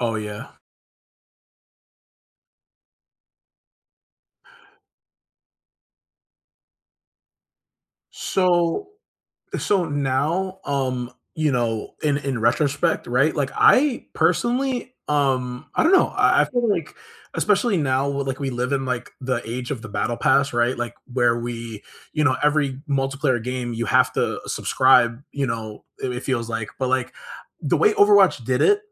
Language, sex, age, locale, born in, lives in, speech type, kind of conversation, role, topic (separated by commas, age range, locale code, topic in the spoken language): English, male, 25-29, en-US, United States, United States, conversation, unstructured, none, Which video games have brought you and your friends together, and which have strained your connections?